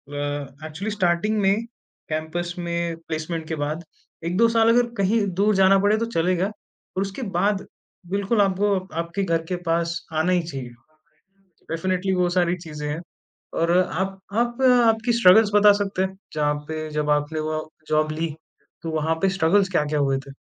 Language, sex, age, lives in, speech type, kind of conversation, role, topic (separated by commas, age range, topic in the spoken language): Hindi, male, 20-24, India, conversation, podcast, host, Family aur career ke beech tum balance kaise banate ho?